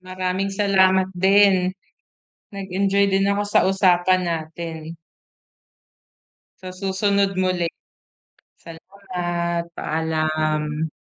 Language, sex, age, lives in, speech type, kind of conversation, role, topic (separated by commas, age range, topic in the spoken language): Filipino, female, 30-34, Philippines, conversation, podcast, host, Paano natin mapapangalagaan ang mga ilog at sapa sa ating barangay?